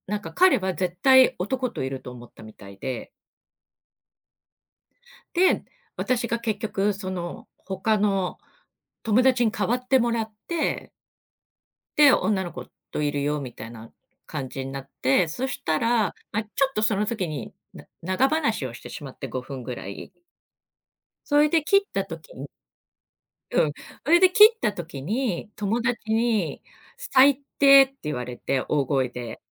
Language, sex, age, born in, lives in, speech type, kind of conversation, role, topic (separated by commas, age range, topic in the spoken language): Japanese, female, 50-54, Japan, Japan, conversation, advice, user, パートナーの束縛や監視がつらいと感じるのはどんなときですか？